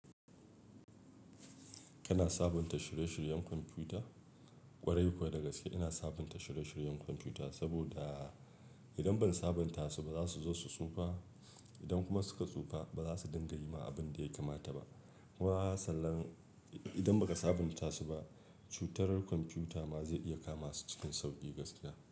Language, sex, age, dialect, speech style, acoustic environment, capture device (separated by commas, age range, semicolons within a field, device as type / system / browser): Hausa, male, 35-44, Nigeria - Kano, focused, silence, mobile / Linux / Chrome